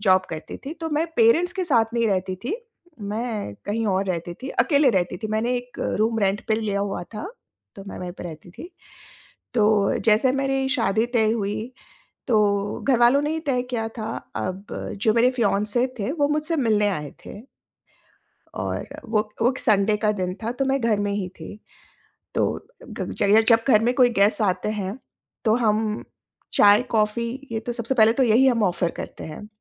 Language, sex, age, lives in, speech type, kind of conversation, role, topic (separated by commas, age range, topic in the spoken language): Hindi, female, 45-49, India, conversation, podcast, guest, Cooking ya baking mein bitaya hua waqt aapke liye sach mein kaam ka kaise ban sakta hai?